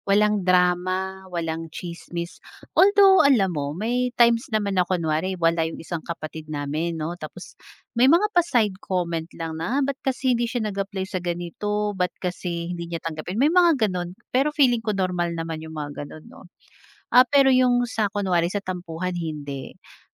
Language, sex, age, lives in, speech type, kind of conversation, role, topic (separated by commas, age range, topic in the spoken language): Filipino, female, 30-34, Philippines, conversation, podcast, guest, Paano mo napapanatiling malapit ang samahan ninyo kahit abala ang lahat?